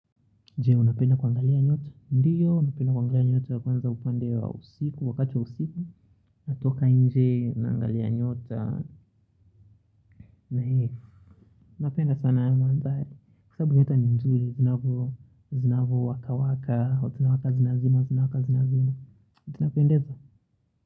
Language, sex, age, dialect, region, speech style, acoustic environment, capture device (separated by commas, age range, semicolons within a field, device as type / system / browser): Swahili, male, 25-34, Kenya - Nairobi Sheng-influenced, Kenya, relaxed, silence; nature, mobile / Linux / Chrome